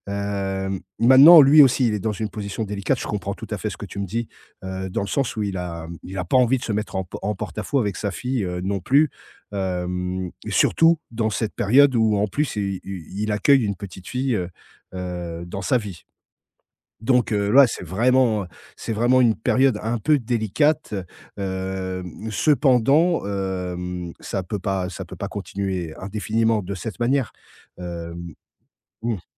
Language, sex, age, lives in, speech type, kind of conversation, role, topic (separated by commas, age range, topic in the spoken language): French, male, 40-44, France, conversation, advice, advisor, Comment gérer des conflits récurrents avec votre belle-famille ?